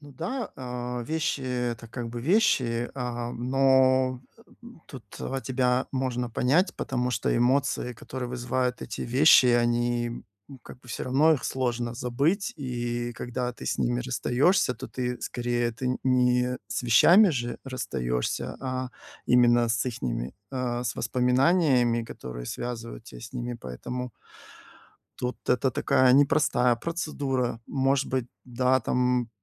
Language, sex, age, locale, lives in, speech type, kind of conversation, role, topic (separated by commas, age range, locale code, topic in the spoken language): Russian, male, 45-49, ru-RU, Spain, conversation, advice, advisor, Как мне отпустить вещи, к которым я эмоционально привязан(а), и начать расхламление?